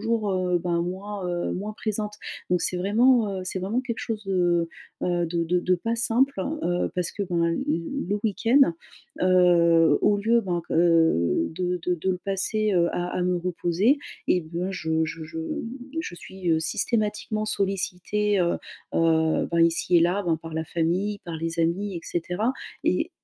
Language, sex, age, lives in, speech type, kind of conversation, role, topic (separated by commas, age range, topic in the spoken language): French, female, 35-39, France, conversation, advice, user, Comment mieux équilibrer ma vie sociale et mon repos le week-end ?